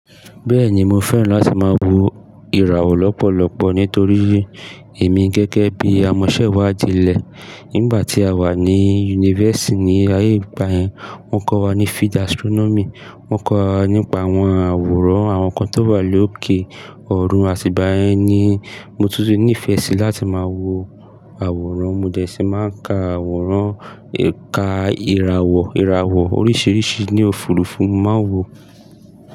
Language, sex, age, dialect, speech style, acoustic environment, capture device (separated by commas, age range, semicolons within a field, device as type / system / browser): Yoruba, male, 25-34, Nigeria - Lagos Yoruba, focused; tired, people talking; nature; construction, mobile / Linux / Chrome